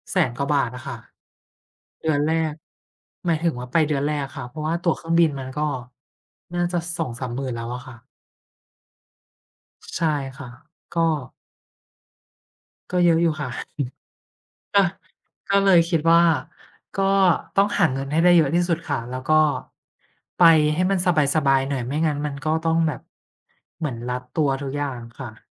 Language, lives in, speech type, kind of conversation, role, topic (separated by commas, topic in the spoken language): Thai, Thailand, conversation, unstructured, none, ถ้าคุณมีหนี้สินเพิ่มขึ้น คุณจะจัดการอย่างไร?